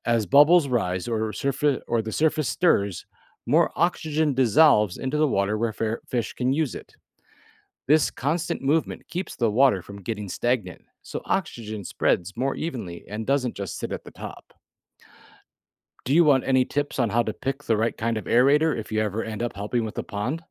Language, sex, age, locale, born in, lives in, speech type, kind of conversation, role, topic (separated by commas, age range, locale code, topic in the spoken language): English, male, 40-44, en-US, United States, United States, read, expert-assistant, expert, How do fish get the oxygen they need to survive in water?